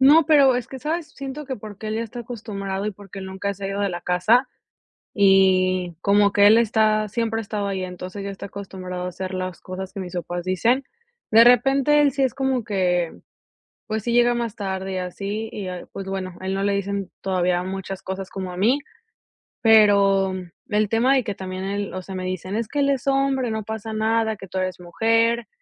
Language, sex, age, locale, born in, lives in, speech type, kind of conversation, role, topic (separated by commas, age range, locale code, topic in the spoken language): Spanish, female, 25-29, es-MX, Mexico, United States, conversation, advice, user, ¿Qué puedo hacer para sentirme en casa después de mudarme?